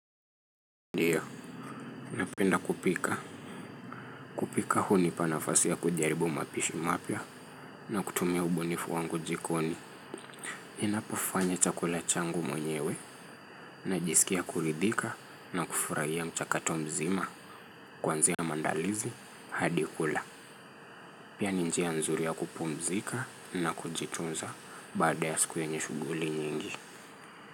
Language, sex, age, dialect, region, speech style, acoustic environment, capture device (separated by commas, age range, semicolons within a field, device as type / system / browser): Swahili, male, 25-34, Kenya - Nairobi Sheng-influenced, Kenya, focused, traffic; nature; music; people talking, mobile / Linux / Chrome